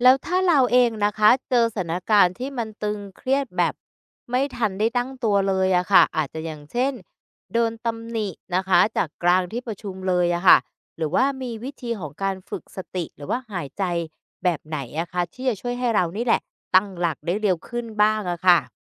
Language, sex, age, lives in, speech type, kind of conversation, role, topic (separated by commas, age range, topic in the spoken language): Thai, female, 50-54, Thailand, read, expert-assistant, asker, เทคนิคฝึกสติ (Mindfulness) และการหายใจเพื่อช่วยคลายความเครียด สามารถนำไปใช้ในชีวิตจริงได้อย่างไร?